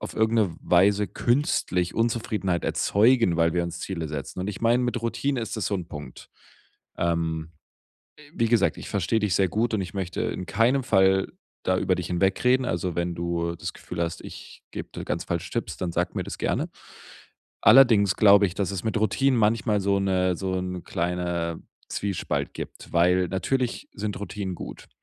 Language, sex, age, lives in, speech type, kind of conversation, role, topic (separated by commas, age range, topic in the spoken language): German, male, 25-29, Germany, conversation, advice, advisor, Wie finde ich ruhige Abendrituale, um besser zu entspannen?